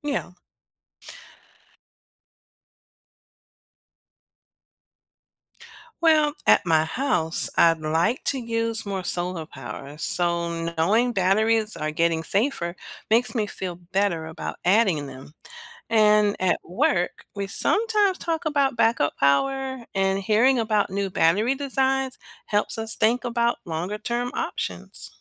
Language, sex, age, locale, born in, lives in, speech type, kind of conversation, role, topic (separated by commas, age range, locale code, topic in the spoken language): English, female, 50-54, en-US, United States, United States, read, expert-assistant, asker, How do solar and wind power generate electricity?